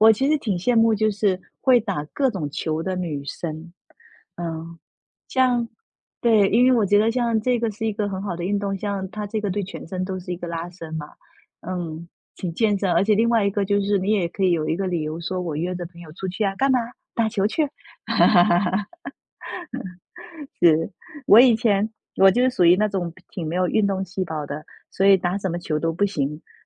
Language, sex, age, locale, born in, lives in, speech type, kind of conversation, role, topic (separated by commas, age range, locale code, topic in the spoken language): Chinese, female, 35-39, zh-TW, China, United States, conversation, unstructured, none, 你认为哪种运动最有趣？